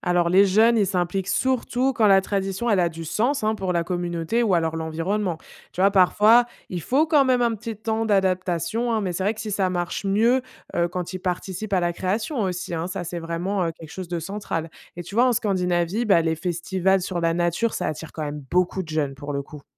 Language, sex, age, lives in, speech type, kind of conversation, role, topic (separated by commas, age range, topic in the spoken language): French, female, 25-29, France, read, expert-assistant, expert, En quoi le climat et la géographie d’un endroit influencent-ils le mode de vie et les traditions locales ?